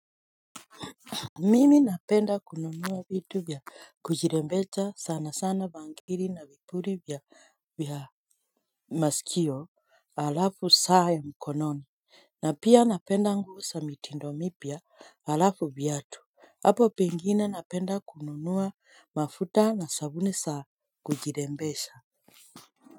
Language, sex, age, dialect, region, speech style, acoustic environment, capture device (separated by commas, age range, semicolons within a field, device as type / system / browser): Swahili, female, 25-34, Kenya - Nairobi Sheng-influenced, Kenya, relaxed; focused, silence, mobile / Linux / Chrome